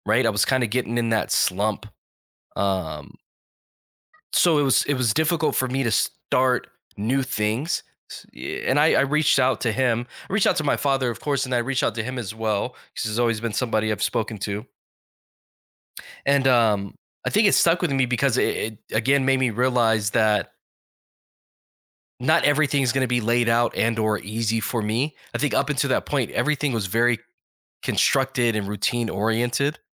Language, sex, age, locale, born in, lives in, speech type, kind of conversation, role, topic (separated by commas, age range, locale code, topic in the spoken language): English, male, 35-39, en-US, United States, United States, conversation, podcast, guest, How has meaningful advice shaped your life and choices?